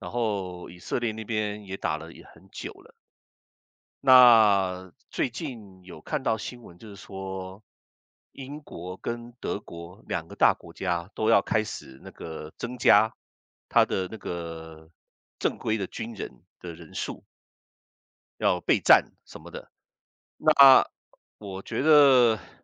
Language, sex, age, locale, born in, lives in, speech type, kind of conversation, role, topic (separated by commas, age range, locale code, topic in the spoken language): Chinese, male, 55-59, zh-CN, Taiwan, United States, conversation, unstructured, none, 你最害怕未来会发生什么？